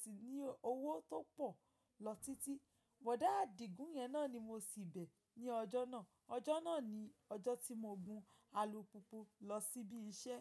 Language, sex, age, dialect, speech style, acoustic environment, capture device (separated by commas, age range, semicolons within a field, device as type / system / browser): Yoruba, female, 25-34, Nigeria - Ibadan, relaxed; focused, nature; appliances; silence, mobile / macOS / Safari